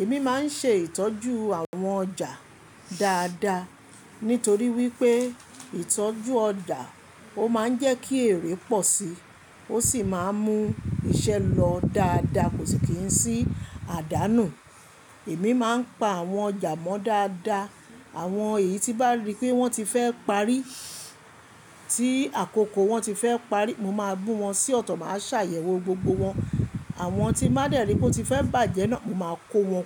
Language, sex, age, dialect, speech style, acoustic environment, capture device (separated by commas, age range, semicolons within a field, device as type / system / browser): Yoruba, female, 25-34, Nigeria - Lagos Yoruba, happy, appliances; people talking, mobile / Linux / Chrome